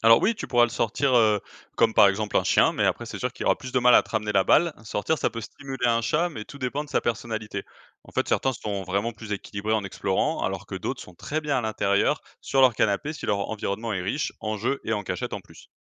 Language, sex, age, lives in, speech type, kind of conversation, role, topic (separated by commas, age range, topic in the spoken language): French, male, 25-29, France, read, expert-assistant, expert, Faut-il laisser son chat sortir dehors en toute sécurité ?